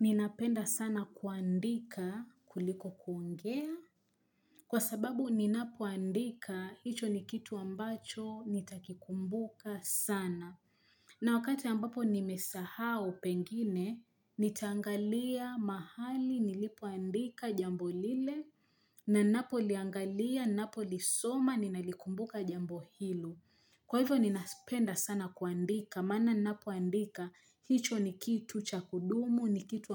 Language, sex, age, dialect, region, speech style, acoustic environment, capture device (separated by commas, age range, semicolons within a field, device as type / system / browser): Swahili, female, 25-34, Kenya - Mombasa, Kenya, happy, nature, mobile / Linux / Chrome